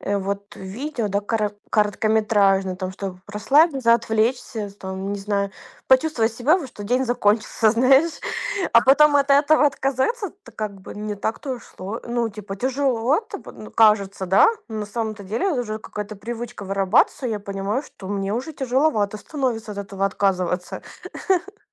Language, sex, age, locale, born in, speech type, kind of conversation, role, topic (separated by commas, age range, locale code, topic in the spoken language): Russian, female, 25-29, ru-RU, Ukraine, conversation, advice, user, Как сократить экранное время вечером перед сном, чтобы лучше спать?